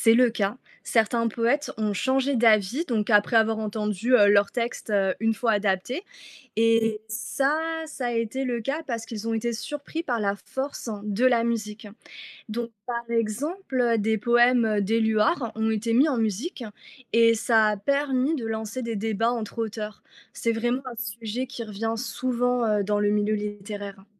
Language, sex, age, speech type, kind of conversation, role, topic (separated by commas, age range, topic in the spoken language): French, female, 30-34, read, expert-assistant, expert, Écouter un poème a-t-il plus d'impact que le lire ?